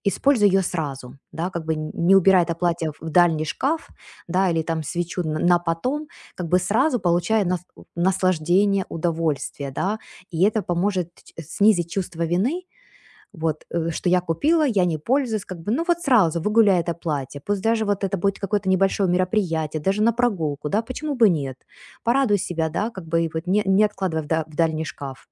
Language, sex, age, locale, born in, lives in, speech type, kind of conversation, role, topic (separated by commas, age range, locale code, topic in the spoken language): Russian, female, 40-44, ru-UA, Ukraine, United States, conversation, advice, advisor, Почему мне часто хочется мгновенно тратить деньги на ненужные вещи?